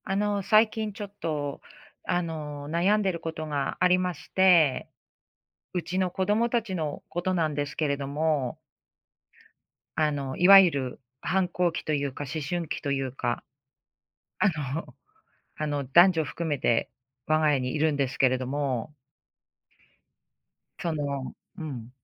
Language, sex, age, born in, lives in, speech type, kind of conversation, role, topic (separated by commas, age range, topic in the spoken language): Japanese, female, 45-49, Japan, United States, conversation, advice, user, 子どもの反抗期で親子関係がぎくしゃくしている